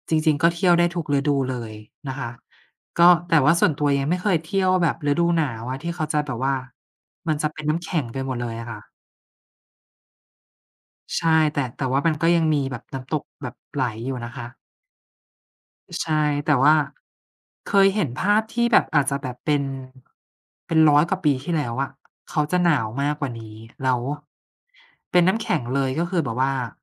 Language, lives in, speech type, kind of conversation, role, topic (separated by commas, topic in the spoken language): Thai, Thailand, conversation, unstructured, none, สถานที่ไหนที่คุณเคยไปแล้วรู้สึกว่าน่าทึ่งที่สุด?